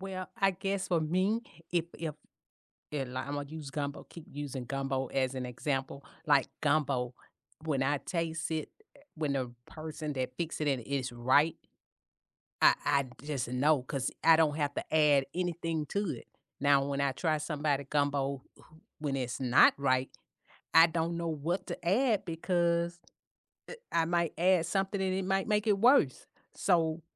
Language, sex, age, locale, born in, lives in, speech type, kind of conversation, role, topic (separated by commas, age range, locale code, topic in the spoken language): English, female, 55-59, en-US, United States, United States, conversation, unstructured, none, What makes a meal feel comforting to you?